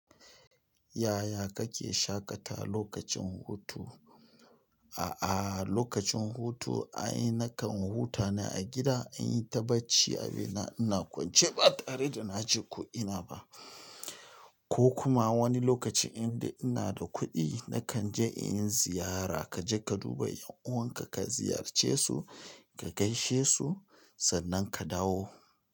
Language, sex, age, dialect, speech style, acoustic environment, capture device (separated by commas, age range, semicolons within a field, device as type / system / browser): Hausa, male, 35-44, Nigeria - Nigerian Standard, relaxed; focused, silence, mobile / Linux / Chrome